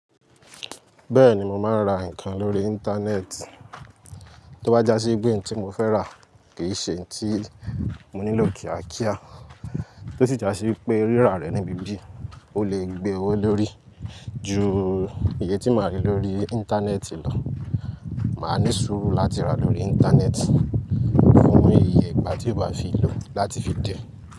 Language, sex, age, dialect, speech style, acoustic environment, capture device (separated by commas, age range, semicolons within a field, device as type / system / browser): Yoruba, male, 18-24, Nigeria - Lagos Yoruba, excited; happy, nature, mobile / Linux / Chrome